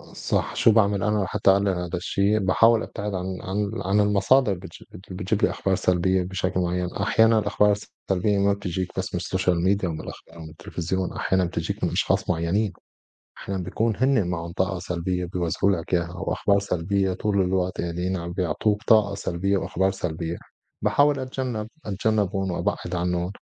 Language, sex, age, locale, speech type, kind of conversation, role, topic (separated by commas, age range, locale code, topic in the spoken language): Arabic, male, 35-39, ar-SY, conversation, unstructured, none, إزاي بتتعامل مع الأخبار السلبية اللي بتقلقك؟